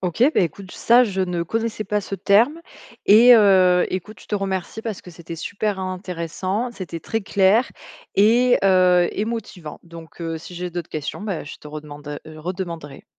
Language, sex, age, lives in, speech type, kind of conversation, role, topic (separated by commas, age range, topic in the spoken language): French, female, 25-29, France, read, expert-assistant, asker, Pourquoi certaines personnes décident-elles de se lancer dans l'entrepreneuriat alors que d'autres préfèrent rester salariées ?